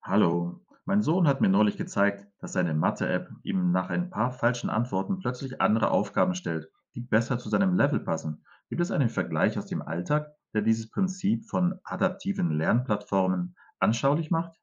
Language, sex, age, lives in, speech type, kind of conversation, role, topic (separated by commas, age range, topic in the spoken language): German, male, 45-49, Spain, read, expert-assistant, asker, Wie funktionieren adaptive Lernplattformen für Kinder und Eltern?